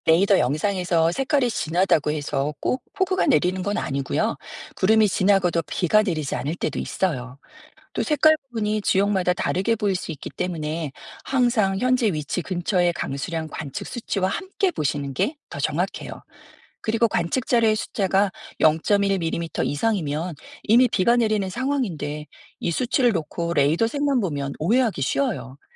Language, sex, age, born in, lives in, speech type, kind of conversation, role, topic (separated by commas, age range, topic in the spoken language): Korean, female, 50-54, South Korea, United States, read, expert-assistant, expert, 비 올 확률 예보는 실제로 어떤 의미인가요?